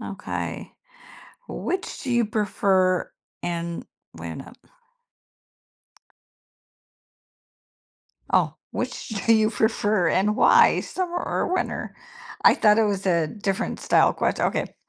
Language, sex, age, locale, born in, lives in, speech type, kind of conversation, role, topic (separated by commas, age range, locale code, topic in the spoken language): English, female, 50-54, en-US, United States, United States, conversation, unstructured, none, Which do you prefer, summer or winter, and why?